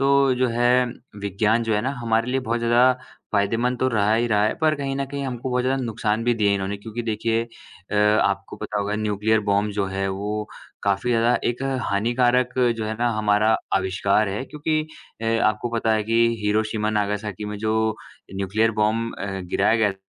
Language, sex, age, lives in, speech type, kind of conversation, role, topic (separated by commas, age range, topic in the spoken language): Hindi, male, 20-24, India, conversation, unstructured, none, आपके हिसाब से विज्ञान ने हमारी ज़िंदगी को कैसे बेहतर बनाया है?